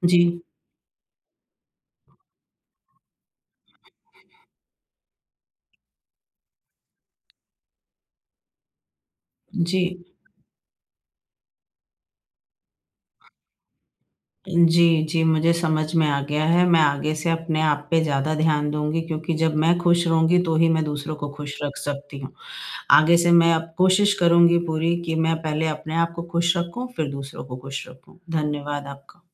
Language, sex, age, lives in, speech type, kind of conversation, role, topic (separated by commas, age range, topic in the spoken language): Hindi, female, 30-34, India, conversation, advice, user, मैं घर पर आराम करते हुए तनाव कैसे कम कर सकता/सकती हूँ?